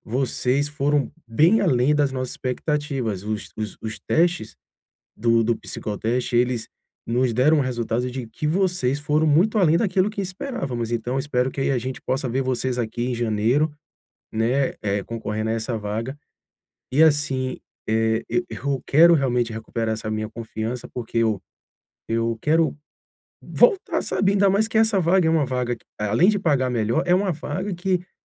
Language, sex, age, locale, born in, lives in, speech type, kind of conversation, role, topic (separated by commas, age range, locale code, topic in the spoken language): Portuguese, male, 40-44, pt-BR, Brazil, Portugal, conversation, advice, user, Como posso recuperar a minha confiança depois de um revés?